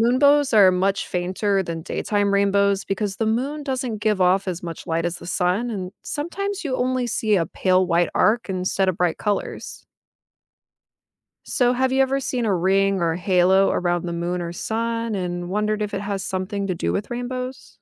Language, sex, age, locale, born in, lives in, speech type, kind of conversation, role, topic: English, female, 30-34, en-US, United States, United States, read, expert-assistant, expert, Why do rainbows form after it rains?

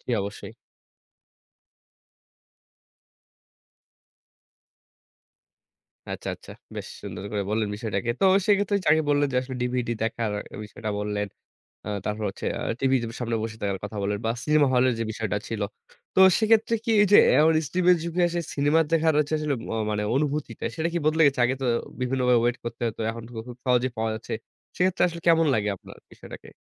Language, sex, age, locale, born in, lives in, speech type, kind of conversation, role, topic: Bengali, male, 25-29, bn-BD, Bangladesh, Bangladesh, conversation, podcast, host, স্ট্রিমিং কি আমাদের সিনেমা দেখার ধরন বদলে দিয়েছে?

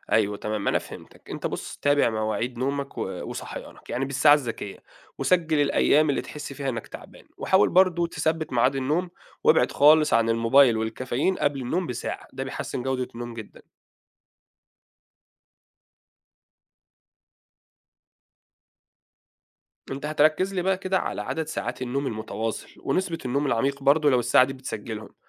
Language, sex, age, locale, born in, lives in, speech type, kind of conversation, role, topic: Arabic, male, 30-34, ar-EG, Saudi Arabia, Egypt, read, expert-assistant, expert, إزاي أقدر أستخدم تقنيات حديثة عشان أتابع صحتي وأفهم أكتر عن جسم الإنسان؟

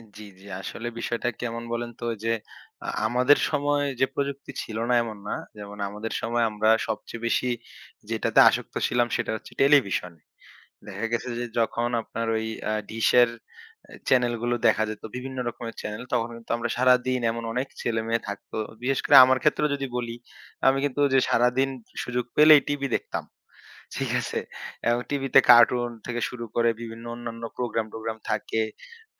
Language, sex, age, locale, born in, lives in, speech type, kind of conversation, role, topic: Bengali, male, 25-29, bn-BD, Bangladesh, Bangladesh, conversation, podcast, guest, আপনি কীভাবে শিশুদের প্রযুক্তি ব্যবহার নিয়ন্ত্রণ করেন?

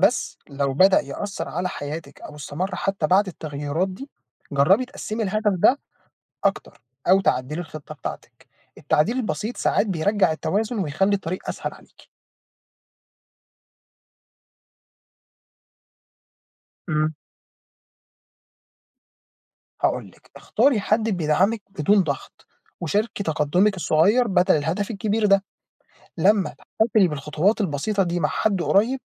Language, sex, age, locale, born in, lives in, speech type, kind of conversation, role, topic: Arabic, male, 20-24, ar-EG, Egypt, Egypt, read, expert-assistant, expert, سمعت إن الناس اللي بتحكي أهدافها للناس أقل حماس لتحقيقها، هل المعلومة دي صح؟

